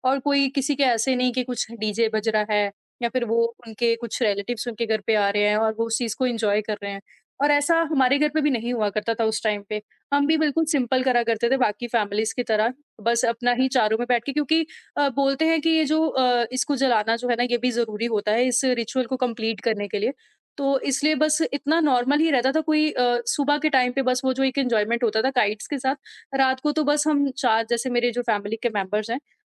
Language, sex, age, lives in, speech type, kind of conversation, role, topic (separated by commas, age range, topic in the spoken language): Hindi, female, 25-29, India, conversation, podcast, guest, kaun sa chhota sa rit ya parampara aapke liye khaas ban gaya hai